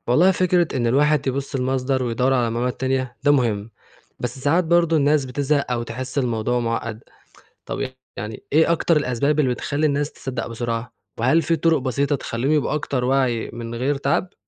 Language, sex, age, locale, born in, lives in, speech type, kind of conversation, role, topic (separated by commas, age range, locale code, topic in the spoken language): Arabic, male, 20-24, ar-EG, Egypt, Egypt, read, expert-assistant, asker, تخيل لو الصحافة في مصر كانت بدون رقابة خالص: يا ترى إيه ممكن يحصل في المجتمع والإعلام؟